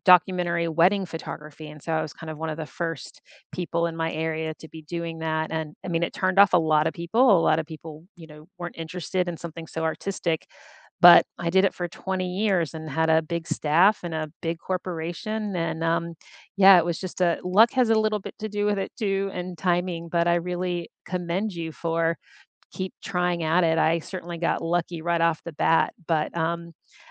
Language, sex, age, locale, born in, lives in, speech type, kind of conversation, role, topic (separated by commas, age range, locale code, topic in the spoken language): English, female, 50-54, en-US, United States, United States, conversation, unstructured, none, Who inspires you, what have you learned from them, and how do you balance their influence with forging your own path?